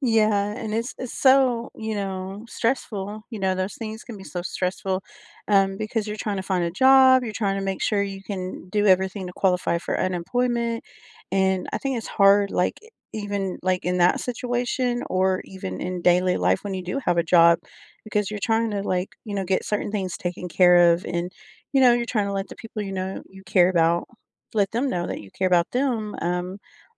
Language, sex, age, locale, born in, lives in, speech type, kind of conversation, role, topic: English, female, 35-39, en-US, United States, United States, conversation, unstructured, none, How can you manage meeting overload without burning bridges, protecting your focus while keeping relationships strong?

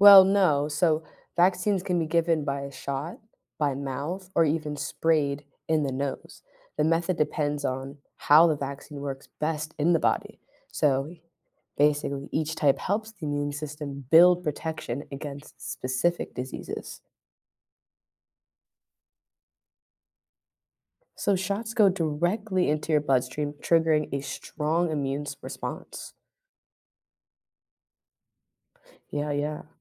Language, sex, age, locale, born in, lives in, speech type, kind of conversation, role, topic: English, female, 20-24, en-US, United States, United States, read, expert-assistant, expert, How do vaccines work to protect against diseases?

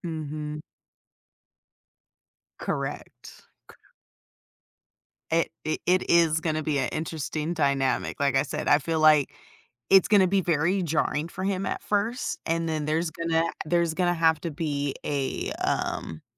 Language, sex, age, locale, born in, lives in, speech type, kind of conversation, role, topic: English, female, 30-34, en-US, United States, United States, conversation, advice, user, How can I set new goals after completing a major accomplishment?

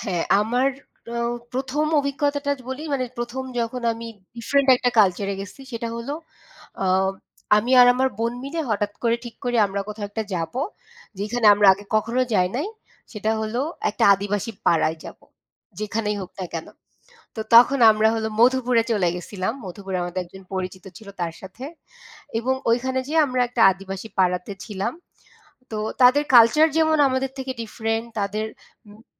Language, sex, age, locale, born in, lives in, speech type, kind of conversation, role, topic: Bengali, female, 25-29, bn-BD, Bangladesh, Bangladesh, conversation, unstructured, none, ভ্রমণে আপনি কোন ধরনের খাবার সবচেয়ে বেশি পছন্দ করেন?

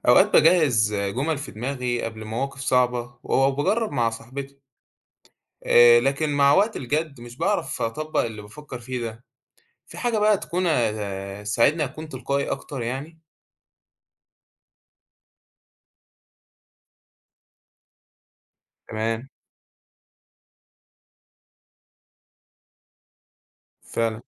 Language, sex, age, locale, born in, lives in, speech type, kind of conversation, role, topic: Arabic, male, 18-19, ar-EG, Egypt, Egypt, read, expert-assistant, asker, لو عايز أطور نفسي في فهم الاختلافات الثقافية بين الشعوب، أبتدي منين وازاي أمارس ده في حياتي اليومية؟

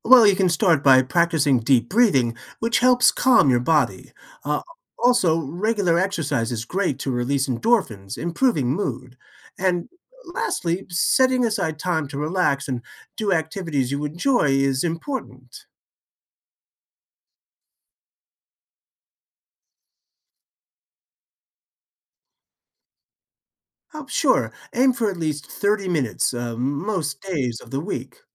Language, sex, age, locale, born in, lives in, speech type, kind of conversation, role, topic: English, male, 55-59, en-US, United States, United States, read, expert-assistant, expert, What are effective ways to reduce stress?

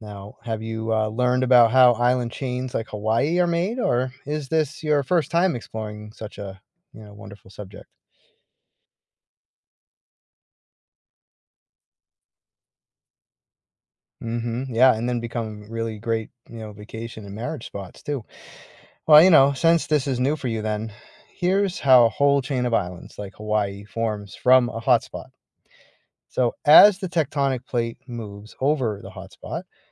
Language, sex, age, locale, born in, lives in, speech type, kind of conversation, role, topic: English, male, 45-49, en-US, United States, United States, read, expert-assistant, expert, How do volcanoes form islands in the ocean?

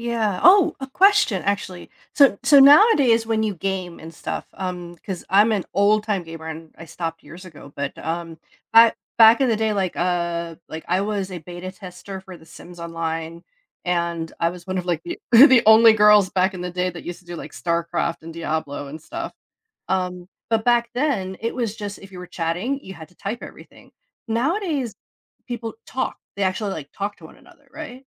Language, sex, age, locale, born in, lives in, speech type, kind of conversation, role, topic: English, female, 40-44, en-US, United States, United States, conversation, podcast, host, How has social media influenced the way we connect and communicate with others?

